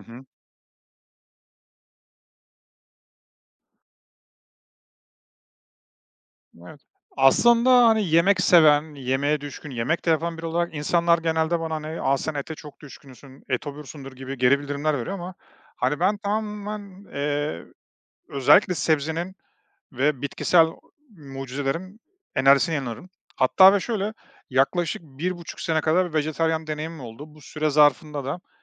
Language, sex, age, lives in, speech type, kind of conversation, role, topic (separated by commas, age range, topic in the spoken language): Turkish, male, 35-39, Estonia, conversation, podcast, guest, Yemek paylaşımı kültürler arasında bağları nasıl güçlendirir?